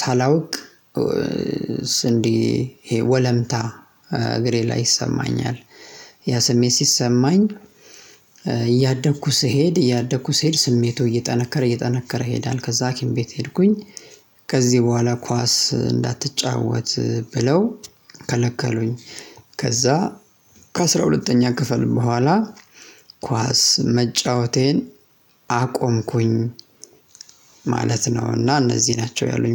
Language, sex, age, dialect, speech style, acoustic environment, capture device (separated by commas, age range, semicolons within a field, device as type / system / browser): Amharic, male, 25-34, Ethiopia - Addis Ababa, excited, silence, mobile / Linux / Chrome